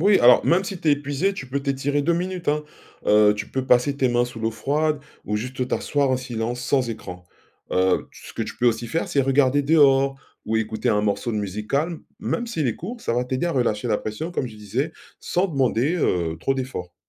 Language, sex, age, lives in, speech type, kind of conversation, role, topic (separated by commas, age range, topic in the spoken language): French, male, 45-49, France, read, expert-assistant, expert, Comment trouver un bon équilibre entre prendre soin des patients et préserver son énergie au travail ?